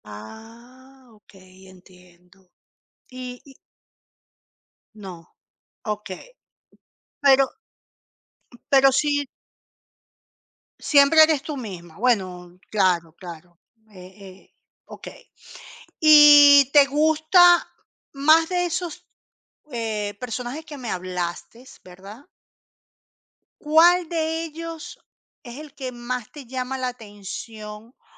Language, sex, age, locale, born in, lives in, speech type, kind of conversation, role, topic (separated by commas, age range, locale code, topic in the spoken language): Spanish, female, 50-54, es-CL, Venezuela, Portugal, conversation, podcast, host, ¿Qué personaje de ficción sientes que te representa?